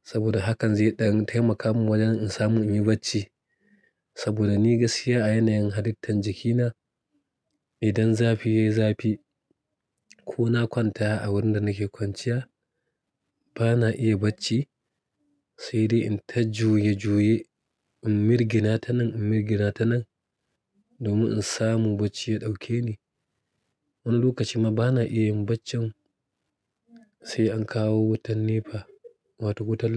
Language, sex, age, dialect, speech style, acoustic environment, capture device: Hausa, male, 35-44, Nigeria - Kano, relaxed, silence, mobile / Linux / Chrome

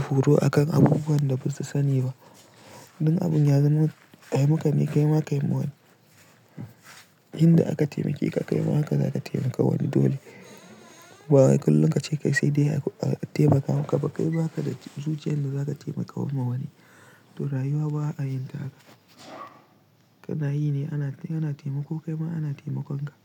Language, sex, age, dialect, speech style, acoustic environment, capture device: Hausa, male, 18-24, Nigeria - Pidgin-influenced, relaxed; tired; focused; stressed, silence; nature, mobile / Linux / Chrome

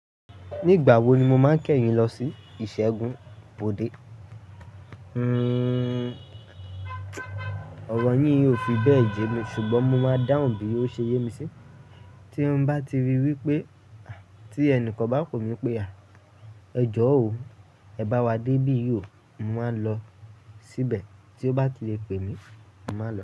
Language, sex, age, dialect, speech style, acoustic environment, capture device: Yoruba, male, 18-24, Nigeria - Lagos Yoruba, focused, people talking; music; appliances; construction; traffic, mobile / Linux / Chrome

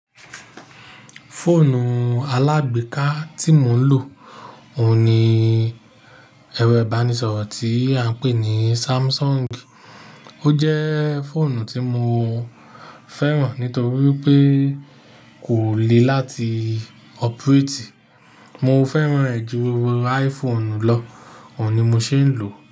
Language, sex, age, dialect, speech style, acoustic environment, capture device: Yoruba, male, 35-44, Nigeria - Nigerian Standard, relaxed, appliances; nature, mobile / Linux / Chrome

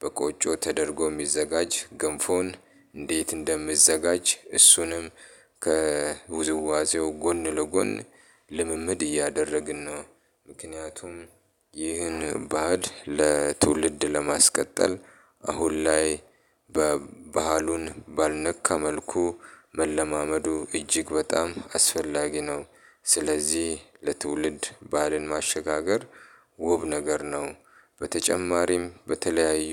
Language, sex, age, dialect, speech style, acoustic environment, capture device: Amharic, male, 35-44, Ethiopia - Addis Ababa, happy; relaxed; excited; focused, appliances; people talking, mobile / Linux / Chrome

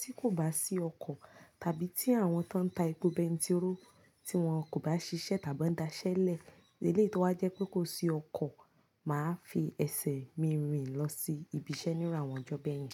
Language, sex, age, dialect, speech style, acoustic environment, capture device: Yoruba, female, 25-34, Nigeria - Nigerian Standard, relaxed, nature, mobile / Linux / Chrome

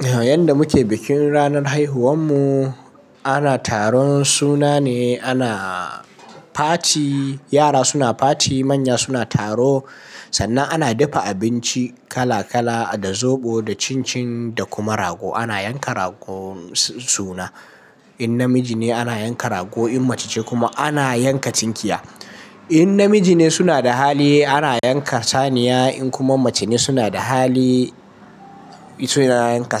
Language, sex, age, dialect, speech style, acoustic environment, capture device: Hausa, male, 18-24, Nigeria - Kano, nervous; excited; focused; stressed; anxious; tired; relaxed; happy, people talking; traffic; construction; music; nature; silence; appliances, mobile / Linux / Chrome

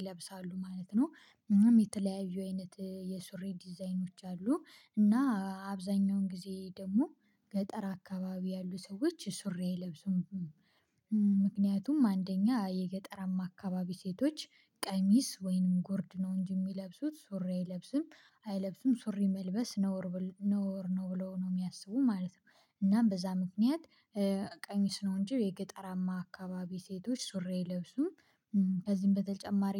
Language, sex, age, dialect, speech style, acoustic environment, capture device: Amharic, female, 25-34, Ethiopia - Gojjam, focused, silence, mobile / Linux / Chrome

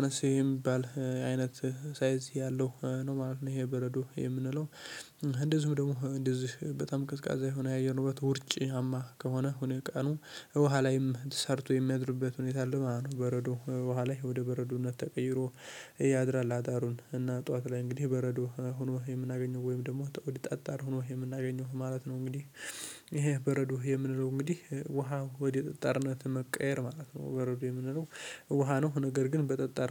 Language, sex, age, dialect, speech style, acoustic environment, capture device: Amharic, male, 18-24, Ethiopia - Addis Ababa, happy; focused, silence; nature, mobile / Linux / Chrome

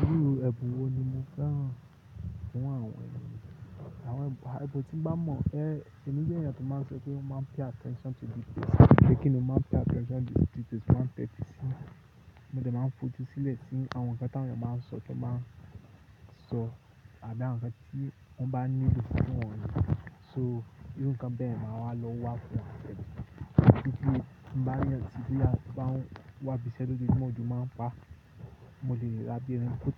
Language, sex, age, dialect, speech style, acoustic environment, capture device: Yoruba, male, 25-34, Nigeria - Lagos Yoruba, happy; tired, nature, mobile / Linux / Chrome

